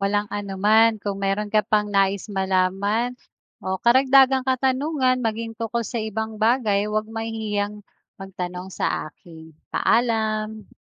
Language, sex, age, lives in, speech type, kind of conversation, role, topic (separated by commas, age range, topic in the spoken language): Filipino, female, 40-44, Philippines, read, expert-assistant, expert, Ano ang proseso ng pagbuo ng ulap?